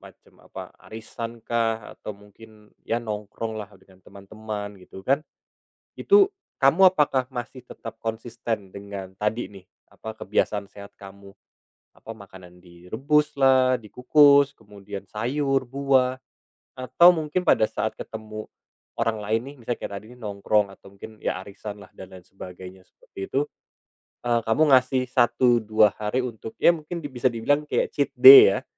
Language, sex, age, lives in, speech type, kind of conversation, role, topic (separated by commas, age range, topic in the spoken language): Indonesian, male, 30-34, Indonesia, conversation, podcast, host, Bagaimana peran teman dan keluarga dalam menjaga kebiasaan sehatmu?